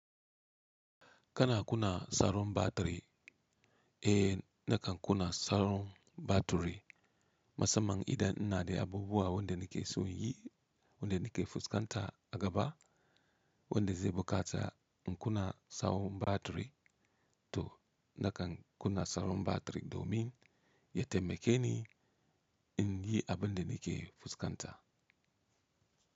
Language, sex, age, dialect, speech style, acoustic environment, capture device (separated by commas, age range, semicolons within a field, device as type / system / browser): Hausa, male, 35-44, Nigeria - Katsina, relaxed, silence, mobile / Linux / Chrome